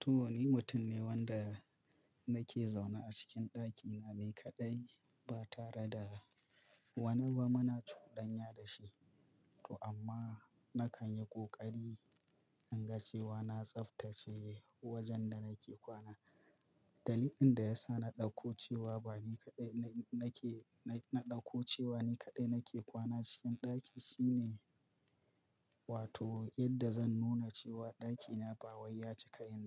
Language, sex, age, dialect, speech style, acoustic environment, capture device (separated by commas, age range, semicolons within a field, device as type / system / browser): Hausa, male, 25-34, Nigeria - Kano, focused, silence, mobile / Linux / Chrome